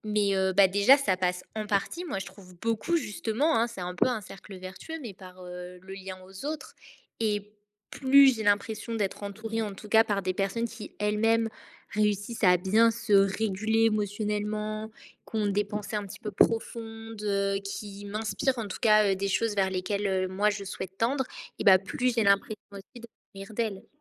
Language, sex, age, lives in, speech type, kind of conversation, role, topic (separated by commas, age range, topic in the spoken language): French, female, 20-24, France, conversation, podcast, guest, Comment fais-tu pour vraiment écouter quelqu’un ?